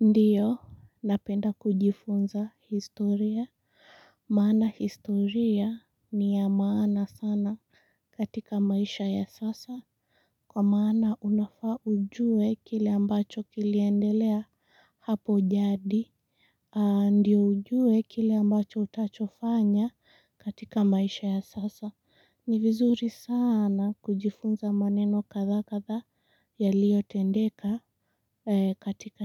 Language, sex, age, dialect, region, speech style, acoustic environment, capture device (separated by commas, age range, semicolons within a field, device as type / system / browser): Swahili, female, 18-24, Kenya - Mombasa, Kenya, relaxed; excited; focused, silence; nature, mobile / Linux / Chrome